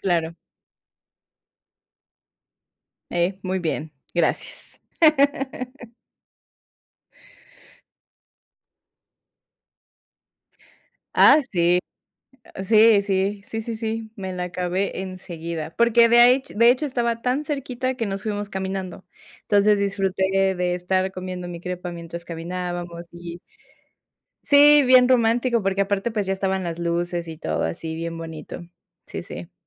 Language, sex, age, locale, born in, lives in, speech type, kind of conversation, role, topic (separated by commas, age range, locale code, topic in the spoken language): Spanish, female, 30-34, es-MX, Mexico, Mexico, conversation, podcast, guest, ¿Cuál ha sido tu comida callejera favorita durante un viaje?